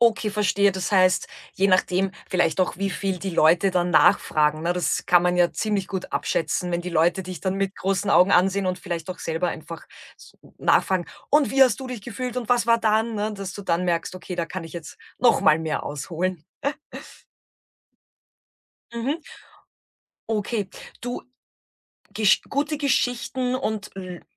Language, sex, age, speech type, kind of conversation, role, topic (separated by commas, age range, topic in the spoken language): German, female, 35-39, conversation, podcast, host, Wie beginnst du eine gute Geschichte so, dass die Leute dranbleiben?